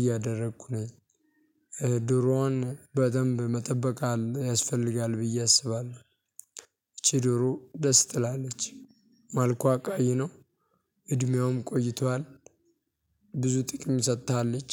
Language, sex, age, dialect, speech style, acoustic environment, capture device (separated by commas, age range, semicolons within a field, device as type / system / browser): Amharic, male, 18-24, Ethiopia - Addis Ababa, happy, silence, mobile / Linux / Chrome